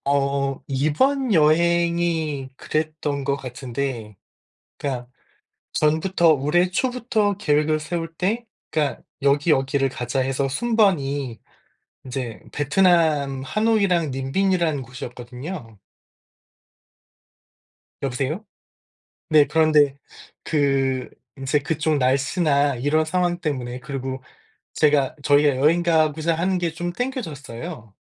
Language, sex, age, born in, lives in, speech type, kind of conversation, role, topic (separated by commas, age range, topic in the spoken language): Korean, male, 45-49, South Korea, United States, conversation, unstructured, none, 여행 계획을 바꿔야 할 때 어떻게 설득하나요?